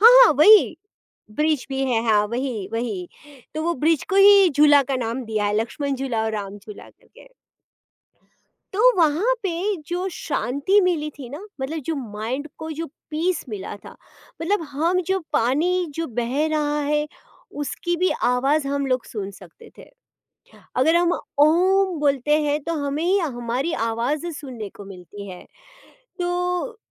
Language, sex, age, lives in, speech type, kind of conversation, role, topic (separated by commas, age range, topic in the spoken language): Hindi, female, 40-44, India, conversation, podcast, guest, Nature ki khamoshi ka anubhav aapko kya sikhata hai?